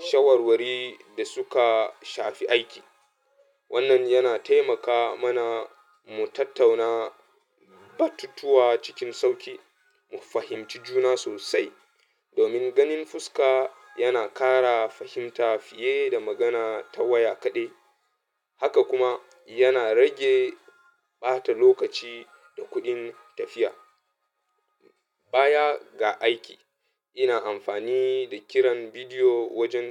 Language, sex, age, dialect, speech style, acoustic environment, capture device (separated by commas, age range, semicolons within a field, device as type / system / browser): Hausa, male, 25-34, Nigeria - Katsina, happy; nervous; anxious, people talking; music; traffic, mobile / Linux / Chrome